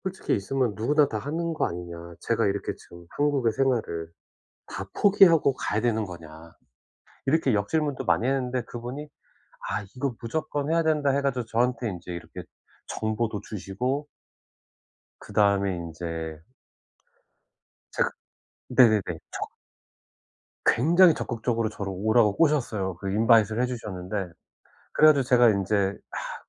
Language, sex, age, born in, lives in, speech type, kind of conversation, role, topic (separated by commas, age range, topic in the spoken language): Korean, male, 40-44, South Korea, United States, conversation, advice, user, 새로운 도시에서 외로움을 극복하고 사회적 관계를 어떻게 만들 수 있나요?